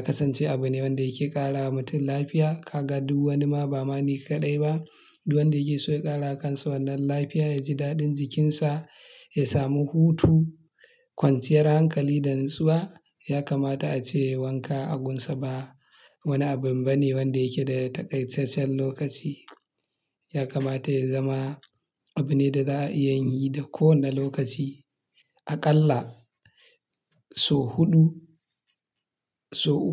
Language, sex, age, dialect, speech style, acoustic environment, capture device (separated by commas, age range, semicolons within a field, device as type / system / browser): Hausa, male, 25-34, Nigeria - Kano, happy; relaxed; excited, silence, mobile / Linux / Chrome